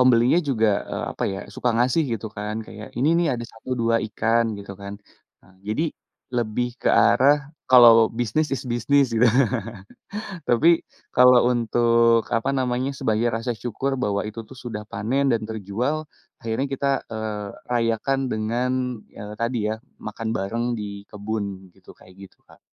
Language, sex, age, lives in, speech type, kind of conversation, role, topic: Indonesian, male, 30-34, Indonesia, conversation, podcast, guest, Bagaimana tradisi makan saat perayaan besar di kampung halamanmu?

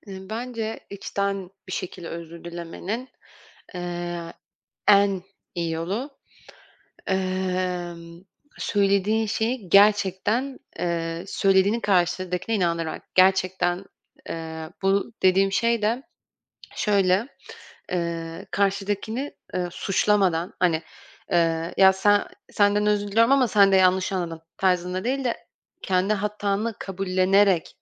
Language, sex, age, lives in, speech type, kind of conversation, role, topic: Turkish, female, 25-29, France, conversation, podcast, guest, Birine nasıl içten özür dilersin?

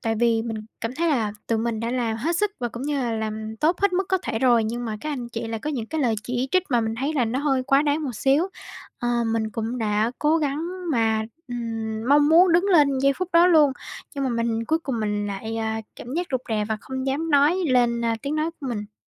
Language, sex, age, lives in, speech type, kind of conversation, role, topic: Vietnamese, female, 18-19, Vietnam, conversation, advice, user, Làm sao để bớt ngại lên tiếng trong cuộc họp hoặc lớp học?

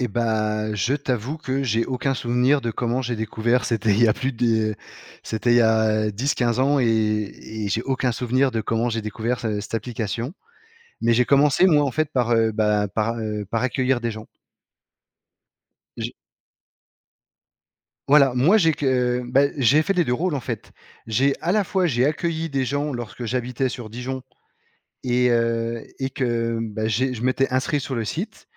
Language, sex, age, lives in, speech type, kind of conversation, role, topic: French, male, 35-39, France, conversation, podcast, guest, As-tu déjà été invité·e chez quelqu’un à l’étranger ?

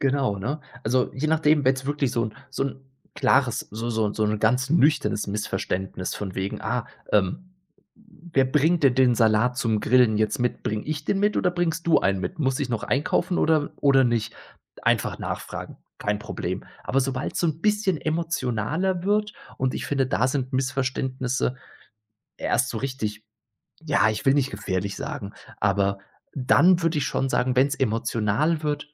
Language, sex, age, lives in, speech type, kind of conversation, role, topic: German, male, 30-34, Germany, conversation, podcast, guest, Was passiert bei Missverständnissen in SMS oder Chat?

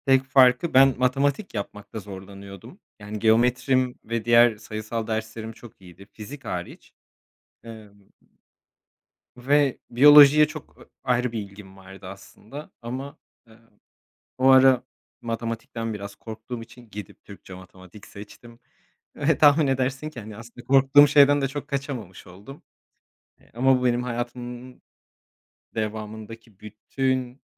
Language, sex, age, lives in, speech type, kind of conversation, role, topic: Turkish, male, 30-34, Portugal, conversation, unstructured, none, En büyük pişmanlığın nedir?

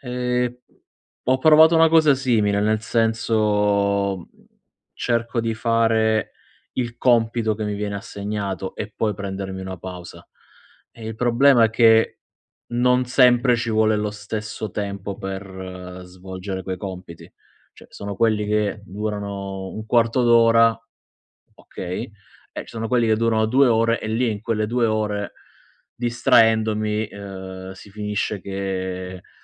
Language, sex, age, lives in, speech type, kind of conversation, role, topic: Italian, male, 30-34, Italy, conversation, advice, user, Come posso ridurre le distrazioni al lavoro usando tecniche di concentrazione e intervalli?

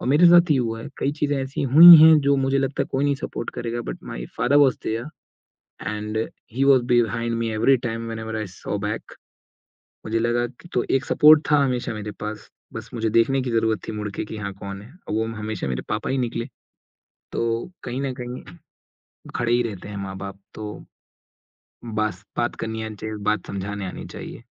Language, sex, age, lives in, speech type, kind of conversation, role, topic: Hindi, male, 20-24, India, conversation, podcast, guest, Family mein mental health ko lekar nazariya peedhi dar peedhi kaise badla?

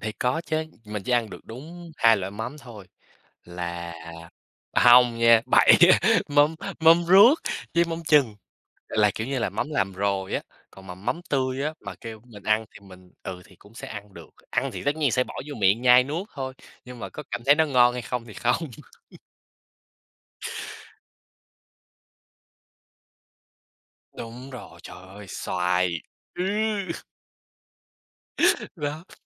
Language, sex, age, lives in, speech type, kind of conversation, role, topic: Vietnamese, male, 20-24, United States, conversation, unstructured, none, Bạn đã bao giờ thử một món ăn nước ngoài lạ chưa?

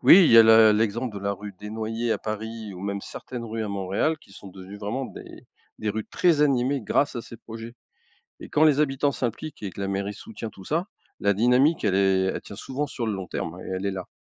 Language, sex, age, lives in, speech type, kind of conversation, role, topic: French, male, 55-59, France, read, expert-assistant, expert, Quelles idées originales pourraient transformer une rue banale en lieu de vie animé ?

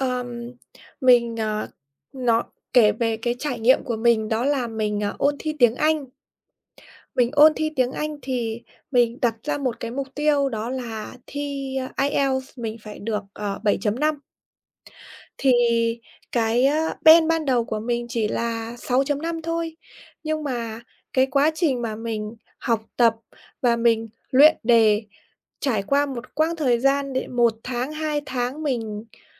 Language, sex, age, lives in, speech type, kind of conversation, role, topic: Vietnamese, female, 25-29, Vietnam, conversation, podcast, guest, Làm sao bạn giữ được kiên trì khi không thấy tiến bộ?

